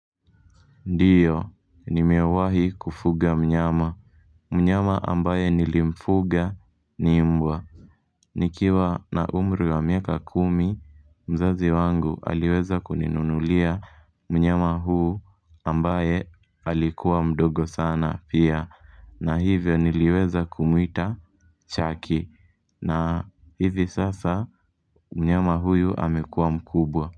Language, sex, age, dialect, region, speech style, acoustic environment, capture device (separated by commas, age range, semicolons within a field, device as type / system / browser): Swahili, male, 18-24, Kenya - Nairobi Sheng-influenced, Kenya, happy; relaxed; focused, people talking; music; nature; traffic; appliances, mobile / Linux / Chrome